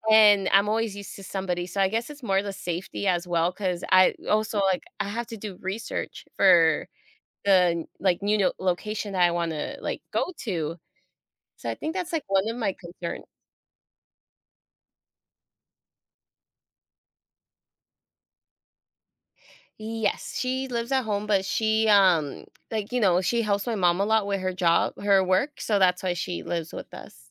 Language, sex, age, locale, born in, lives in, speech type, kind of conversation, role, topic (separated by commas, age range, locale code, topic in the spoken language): English, female, 25-29, en-US, United States, United States, conversation, advice, user, How can I adjust to living alone?